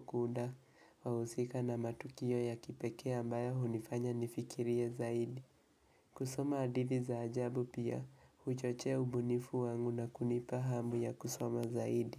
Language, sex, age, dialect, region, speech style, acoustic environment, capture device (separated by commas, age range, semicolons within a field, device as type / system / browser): Swahili, male, 18-24, Kenya - Nairobi Sheng-influenced, Kenya, relaxed, nature, mobile / Linux / Chrome